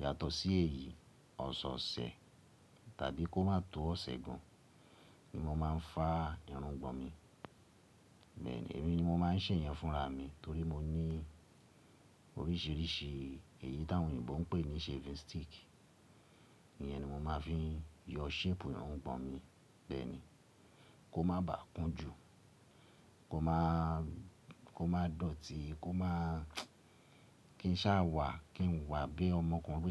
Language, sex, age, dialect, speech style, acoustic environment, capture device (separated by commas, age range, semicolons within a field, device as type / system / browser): Yoruba, male, 35-44, Nigeria - Ibadan, relaxed; happy, silence; nature, mobile / Linux / Chrome